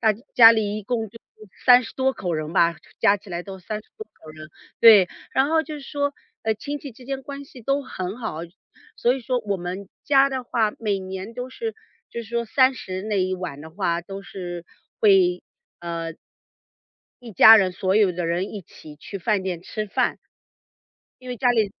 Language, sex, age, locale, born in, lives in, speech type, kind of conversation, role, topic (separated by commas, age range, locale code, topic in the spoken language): Chinese, female, 40-44, zh-CN, China, United States, conversation, podcast, guest, 过节时你们家一定会做什么？